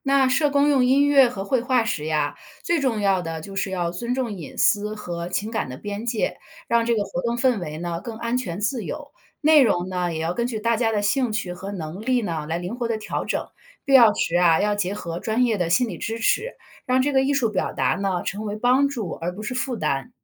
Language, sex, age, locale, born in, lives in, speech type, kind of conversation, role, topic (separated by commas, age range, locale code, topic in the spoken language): Chinese, female, 40-44, zh-CN, China, United States, read, expert-assistant, expert, 你觉得社工可以向哪些其他行业学习，让帮助别人变得更有创意？